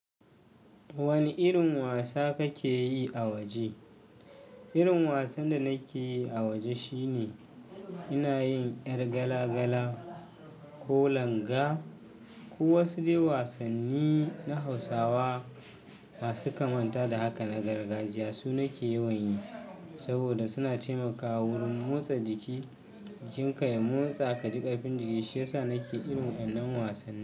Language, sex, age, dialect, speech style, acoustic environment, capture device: Hausa, male, 25-34, Nigeria - Kano, tired, silence, mobile / Linux / Chrome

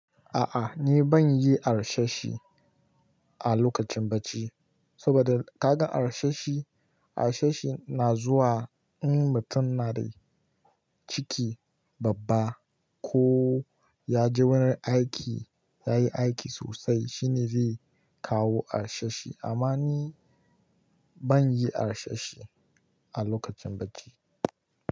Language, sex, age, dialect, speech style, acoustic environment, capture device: Hausa, male, 18-24, Nigeria - Kano, relaxed; focused, nature, mobile / Linux / Chrome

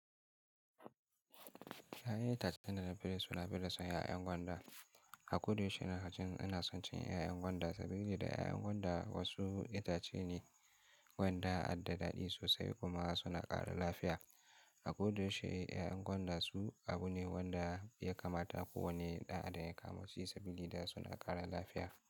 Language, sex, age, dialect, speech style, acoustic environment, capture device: Hausa, male, 18-24, Nigeria - Kano, happy; focused; excited, silence, mobile / Linux / Chrome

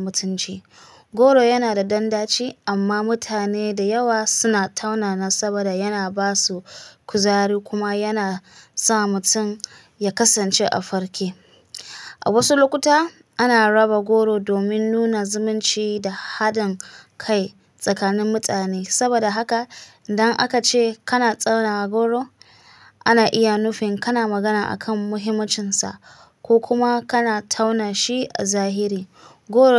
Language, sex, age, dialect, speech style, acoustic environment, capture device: Hausa, female, 25-34, Nigeria - Kano, anxious; stressed, silence, mobile / Linux / Chrome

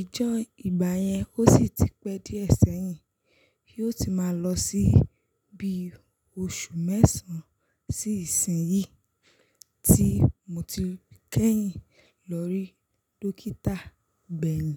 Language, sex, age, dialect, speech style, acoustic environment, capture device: Yoruba, female, 25-34, Nigeria - Lagos Yoruba, focused, silence, mobile / Linux / Chrome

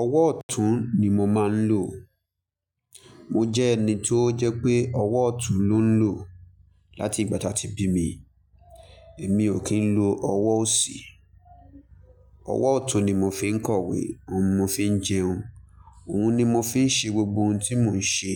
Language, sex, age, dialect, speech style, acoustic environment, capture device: Yoruba, male, 25-34, Nigeria - Lagos Yoruba, focused; excited, nature, mobile / Linux / Chrome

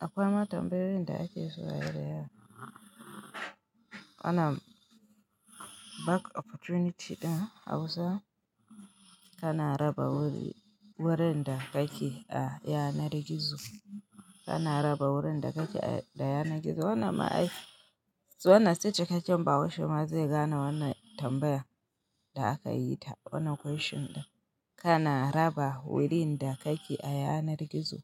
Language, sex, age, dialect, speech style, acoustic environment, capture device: Hausa, female, 25-34, Nigeria - Kano, happy, nature; silence, mobile / Linux / Chrome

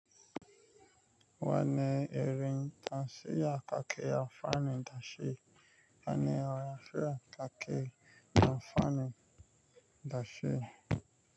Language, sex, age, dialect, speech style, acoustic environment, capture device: Hausa, male, 25-34, Nigeria - Nigerian Standard, relaxed, nature, mobile / Linux / Chrome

